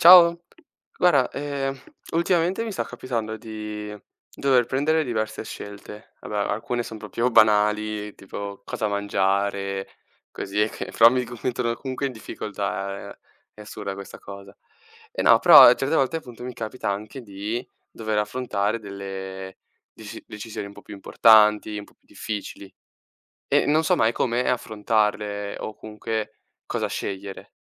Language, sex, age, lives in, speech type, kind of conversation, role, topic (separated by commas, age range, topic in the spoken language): Italian, male, 18-19, Italy, read, expert-assistant, asker, Come si può imparare a prendere decisioni difficili?